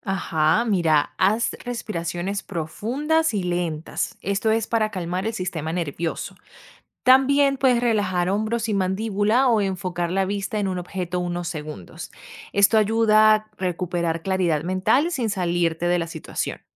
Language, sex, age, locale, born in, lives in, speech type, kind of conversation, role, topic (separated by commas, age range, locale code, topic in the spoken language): Spanish, female, 25-29, es-VE, Venezuela, United States, read, expert-assistant, expert, ¿Cómo puedo organizar mis emociones para tomar mejores decisiones en situaciones estresantes?